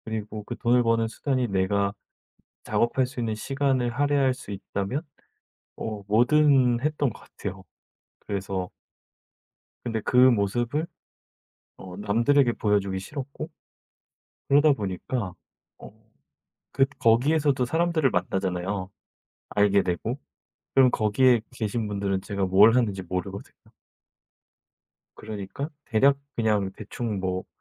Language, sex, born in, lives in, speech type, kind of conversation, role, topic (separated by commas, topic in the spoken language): Korean, male, South Korea, South Korea, conversation, advice, user, 사회적 기대 때문에 본모습을 숨겼던 경험을 설명해 주실 수 있나요?